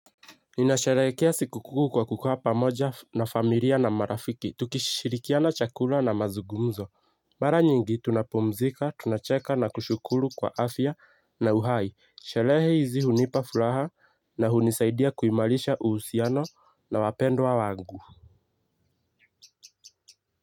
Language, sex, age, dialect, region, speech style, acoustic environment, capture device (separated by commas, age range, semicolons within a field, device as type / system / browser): Swahili, male, 18-24, Kenya - Nairobi Sheng-influenced, Kenya, happy, silence, mobile / Linux / Chrome